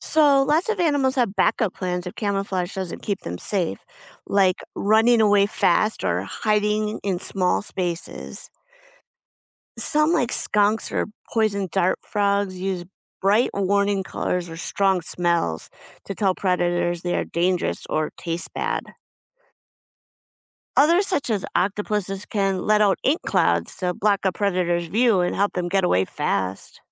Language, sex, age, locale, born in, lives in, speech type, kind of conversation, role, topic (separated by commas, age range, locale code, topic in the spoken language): English, female, 50-54, en-US, United States, United States, read, expert-assistant, expert, How do animals use patterns, colors, and other defenses to protect themselves from predators?